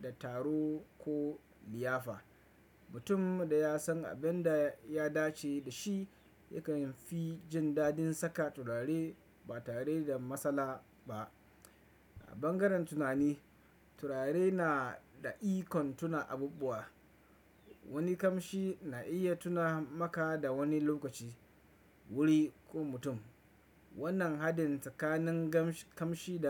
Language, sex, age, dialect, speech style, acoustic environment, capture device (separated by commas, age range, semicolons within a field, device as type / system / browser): Hausa, male, 25-34, Nigeria - Kano, relaxed, nature, desktop / Windows / Chrome